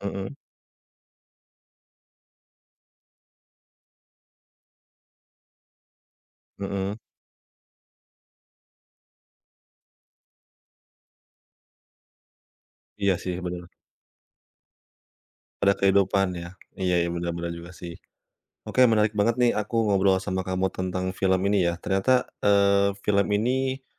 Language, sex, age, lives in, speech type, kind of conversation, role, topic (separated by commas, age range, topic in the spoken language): Indonesian, male, 30-34, Indonesia, conversation, podcast, host, Film apa yang paling berkesan buat kamu, dan kenapa?